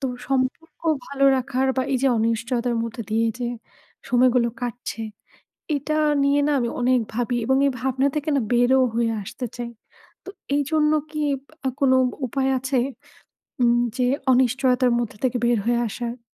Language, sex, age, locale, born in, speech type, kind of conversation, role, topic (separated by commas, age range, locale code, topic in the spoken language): Bengali, female, 35-39, bn-BD, Bangladesh, conversation, advice, user, অনিশ্চয়তার সময় আমি কীভাবে সম্পর্ক ও যোগাযোগ বজায় রাখতে পারি?